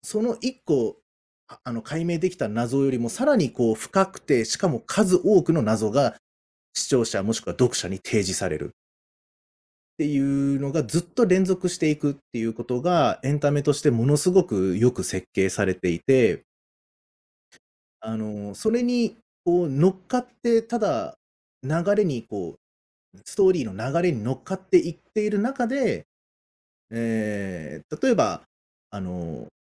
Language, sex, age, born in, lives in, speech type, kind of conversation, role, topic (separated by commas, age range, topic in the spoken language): Japanese, male, 35-39, Japan, Japan, conversation, podcast, guest, あなたの好きなアニメが特別だと感じるのは、なぜですか？